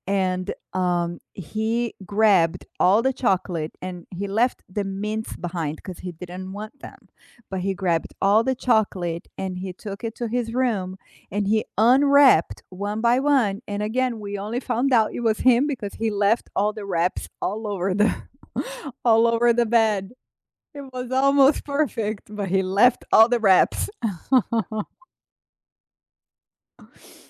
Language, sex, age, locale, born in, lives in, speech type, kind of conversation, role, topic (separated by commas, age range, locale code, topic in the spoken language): English, female, 45-49, en-BR, Brazil, United States, conversation, unstructured, none, What’s the funniest thing a pet has ever done to you?